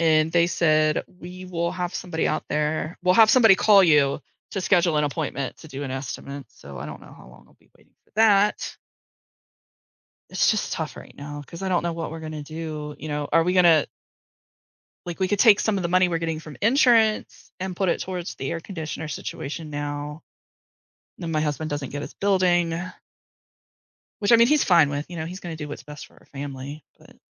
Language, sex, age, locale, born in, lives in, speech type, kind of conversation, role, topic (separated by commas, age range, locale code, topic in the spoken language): English, female, 40-44, en-US, United States, United States, conversation, advice, user, How can I prepare for unexpected expenses?